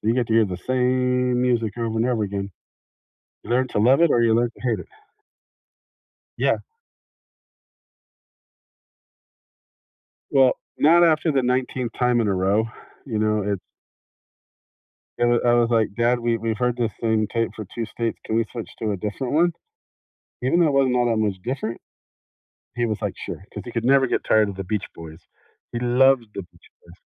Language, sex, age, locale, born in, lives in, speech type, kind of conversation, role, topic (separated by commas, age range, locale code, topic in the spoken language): English, male, 50-54, en-US, United States, United States, conversation, unstructured, none, What is a surprising way that music affects how we feel?